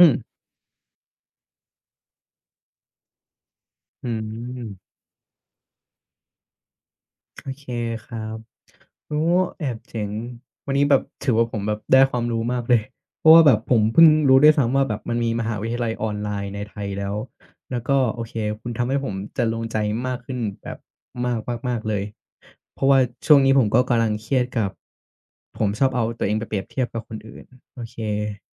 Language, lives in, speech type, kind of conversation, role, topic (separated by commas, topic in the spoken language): Thai, Thailand, conversation, advice, user, ทำอย่างไรเมื่อเผลอเปรียบเทียบตัวเองกับคนอื่นแล้วรู้สึกท้อใจ?